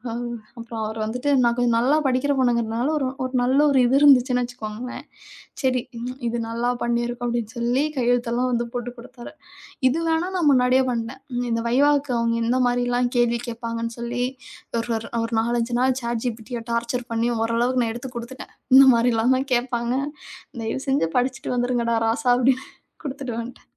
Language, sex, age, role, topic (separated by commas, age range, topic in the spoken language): Tamil, female, 35-39, guest, ஒரு திட்டத்தை முடிக்கத் தடுமாறினால், அடுத்ததாக நீங்கள் எதைச் செய்வீர்கள்?